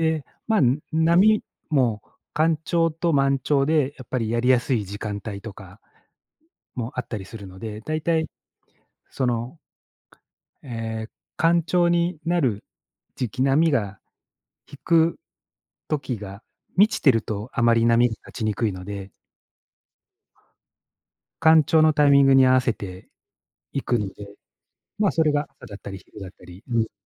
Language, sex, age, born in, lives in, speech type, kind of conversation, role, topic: Japanese, male, 45-49, Japan, Japan, conversation, podcast, guest, 最近ハマっている趣味は、何がきっかけで始めたのですか？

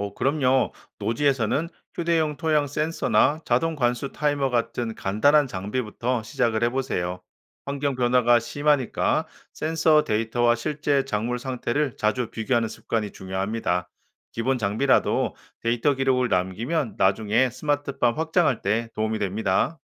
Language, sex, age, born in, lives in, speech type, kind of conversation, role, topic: Korean, male, 45-49, South Korea, United States, read, expert-assistant, expert, 농사에 새로운 기술을 배울 때, 어떻게 하면 더 쉽게 익힐 수 있을까요?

